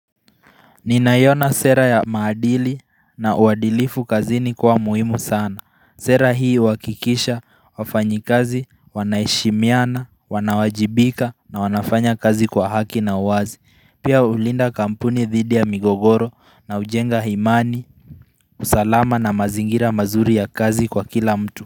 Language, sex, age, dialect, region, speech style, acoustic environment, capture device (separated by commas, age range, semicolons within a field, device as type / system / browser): Swahili, male, 18-24, Kenya - Nairobi Sheng-influenced, Kenya, focused; relaxed, people talking; music; nature; silence; traffic; construction; appliances, mobile / Linux / Chrome